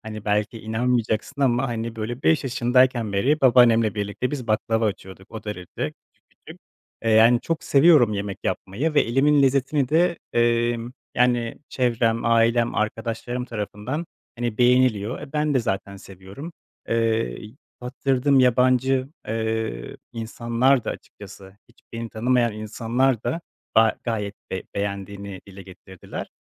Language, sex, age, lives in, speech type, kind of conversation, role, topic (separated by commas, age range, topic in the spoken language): Turkish, male, 25-29, Poland, conversation, advice, user, İş değiştirme kararını nasıl veriyorsun?